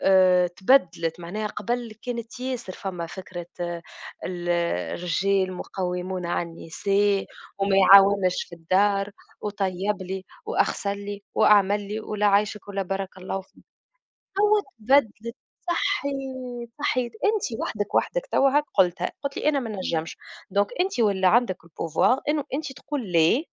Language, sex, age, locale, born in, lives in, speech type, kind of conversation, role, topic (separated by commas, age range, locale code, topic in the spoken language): Arabic, female, 25-29, ar-TN, Tunisia, Germany, conversation, unstructured, none, إيه رأيك في دور الست في المجتمع دلوقتي؟